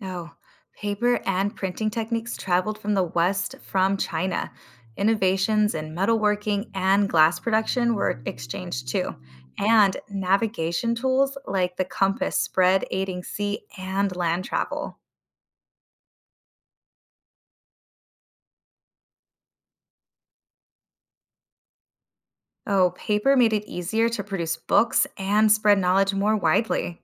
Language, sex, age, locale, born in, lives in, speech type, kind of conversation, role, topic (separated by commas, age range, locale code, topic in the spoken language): English, female, 30-34, en-US, United States, United States, read, expert-assistant, expert, Explain how the Silk Road shaped trade and culture.